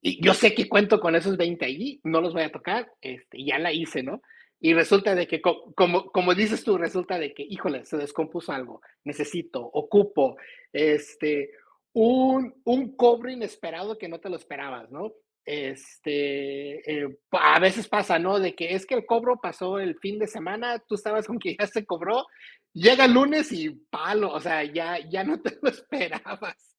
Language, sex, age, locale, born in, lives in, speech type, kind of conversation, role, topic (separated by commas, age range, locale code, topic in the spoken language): Spanish, male, 45-49, es-MX, United States, United States, conversation, unstructured, none, ¿Cómo manejas tu dinero cuando recibes tu sueldo?